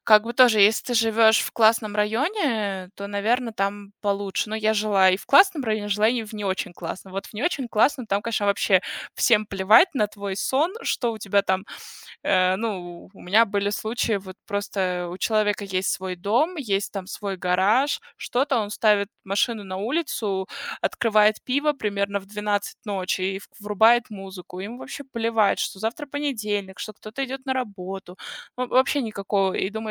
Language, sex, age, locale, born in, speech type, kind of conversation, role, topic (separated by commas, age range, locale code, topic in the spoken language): Russian, female, 30-34, ru-RU, Russia, conversation, unstructured, none, Что вас больше всего бесит в чужом поведении?